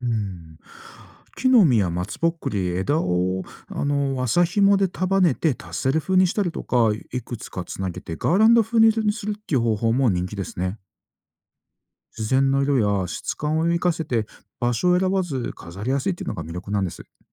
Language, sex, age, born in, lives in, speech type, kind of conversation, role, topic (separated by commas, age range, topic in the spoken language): Japanese, male, 40-44, Japan, Japan, read, expert-assistant, expert, いつものハイキングコースやキャンプ場を、ちょっと変わった方法で楽しむにはどうしたらいいでしょう？